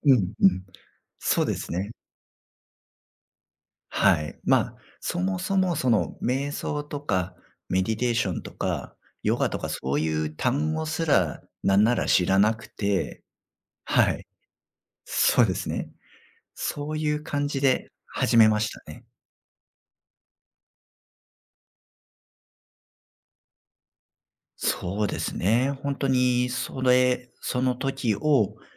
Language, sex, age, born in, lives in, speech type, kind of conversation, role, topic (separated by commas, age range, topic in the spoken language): Japanese, male, 35-39, Japan, Japan, conversation, podcast, guest, 瞑想を始めたきっかけは何ですか？